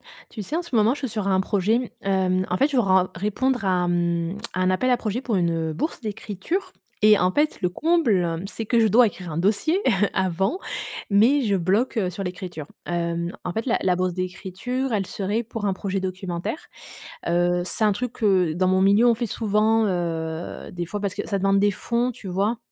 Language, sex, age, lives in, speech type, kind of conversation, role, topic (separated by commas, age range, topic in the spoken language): French, female, 35-39, Germany, conversation, advice, user, Comment surmonter un blocage d’écriture à l’approche d’une date limite ?